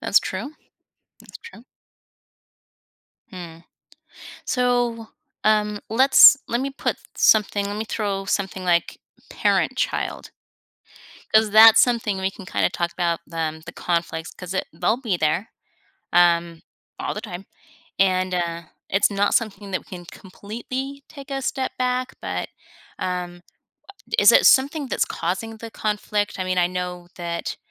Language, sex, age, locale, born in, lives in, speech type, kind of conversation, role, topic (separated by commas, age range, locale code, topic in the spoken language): English, female, 50-54, en-US, United States, United States, conversation, podcast, host, What are some effective ways to communicate emotions while keeping conversations peaceful?